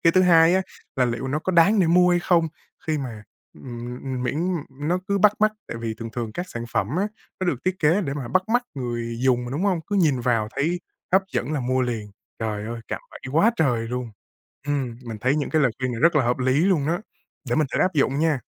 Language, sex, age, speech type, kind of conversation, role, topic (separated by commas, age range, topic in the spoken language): Vietnamese, male, 20-24, conversation, advice, user, Làm sao tôi có thể bắt đầu dọn dẹp bớt đồ khi đã để đồ đạc chất đống quá nhiều và cảm thấy vừa choáng vừa tội lỗi?